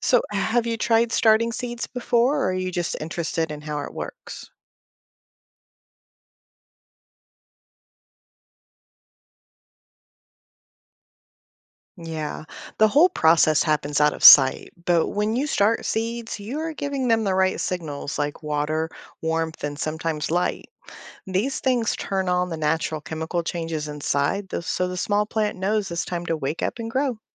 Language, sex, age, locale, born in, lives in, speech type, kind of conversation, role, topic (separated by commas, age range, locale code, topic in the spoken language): English, female, 45-49, en-US, United States, United States, read, expert-assistant, expert, How do seeds know when to start growing?